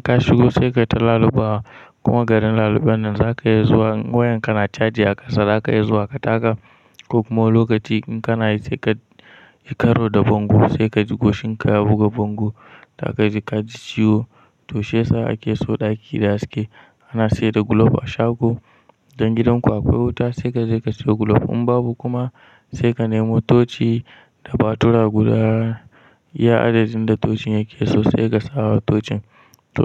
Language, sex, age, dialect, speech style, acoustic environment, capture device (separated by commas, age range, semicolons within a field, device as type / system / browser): Hausa, male, 18-24, Nigeria - Kano, anxious, nature, mobile / Linux / Chrome